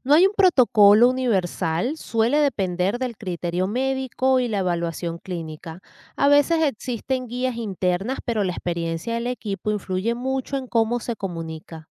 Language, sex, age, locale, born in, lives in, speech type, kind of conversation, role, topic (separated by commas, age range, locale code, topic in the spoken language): Spanish, female, 40-44, es-VE, Venezuela, United States, read, expert-assistant, expert, ¿Qué significa exactamente cuando una enfermera dice que un paciente está 'estable'? ¿A qué se refiere en la práctica?